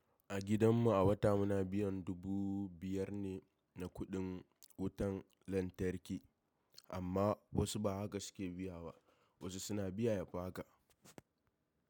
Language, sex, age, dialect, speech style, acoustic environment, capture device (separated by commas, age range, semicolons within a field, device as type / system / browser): Hausa, male, 18-24, Nigeria - Kano, happy; stressed, silence, mobile / macOS / Safari